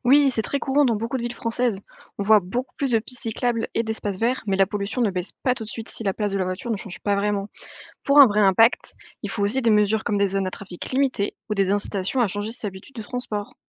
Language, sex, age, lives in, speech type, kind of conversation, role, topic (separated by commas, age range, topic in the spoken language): French, female, 20-24, France, read, expert-assistant, expert, Les villes françaises deviennent-elles vraiment plus vertes, ou est-ce exagéré ?